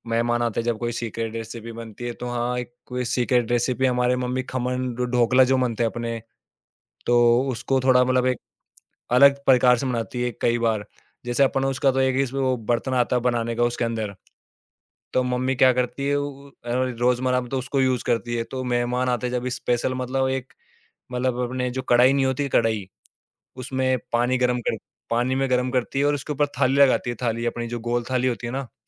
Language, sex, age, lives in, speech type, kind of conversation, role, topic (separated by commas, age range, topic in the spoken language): Hindi, male, 18-19, India, conversation, podcast, guest, Aapki kaunsi chhoti si recipe hai jo gharwalon ko hamesha khush kar deti hai?